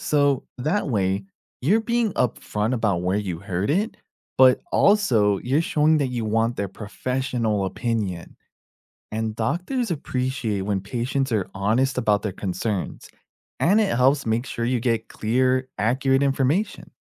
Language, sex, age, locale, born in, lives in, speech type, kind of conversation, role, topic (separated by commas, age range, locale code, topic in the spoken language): English, male, 30-34, en-US, United States, United States, read, expert-assistant, expert, How can you tell if online medical advice is trustworthy?